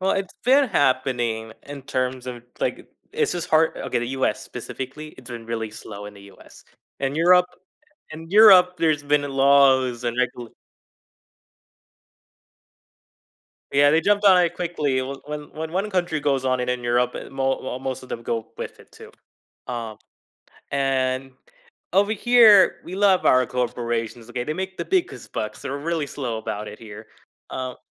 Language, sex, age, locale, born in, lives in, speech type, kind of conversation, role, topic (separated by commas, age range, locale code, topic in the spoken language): English, male, 20-24, en-US, United States, United States, conversation, podcast, guest, In what ways has modern technology changed our expectations of privacy?